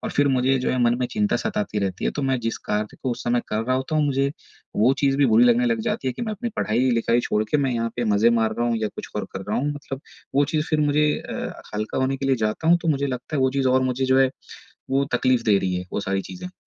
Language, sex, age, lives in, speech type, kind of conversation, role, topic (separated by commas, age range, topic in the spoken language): Hindi, male, 30-34, India, conversation, advice, user, मुझे करियर और निजी जीवन में संतुलन कैसे बनाना चाहिए?